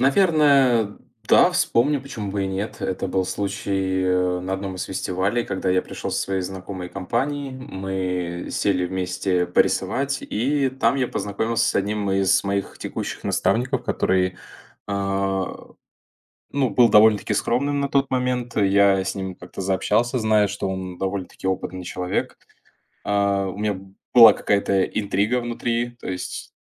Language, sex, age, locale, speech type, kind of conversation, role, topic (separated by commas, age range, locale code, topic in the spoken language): Russian, male, 20-24, ru-RU, conversation, podcast, guest, Чему тебя научили люди, которых ты встречал(а) на своём пути?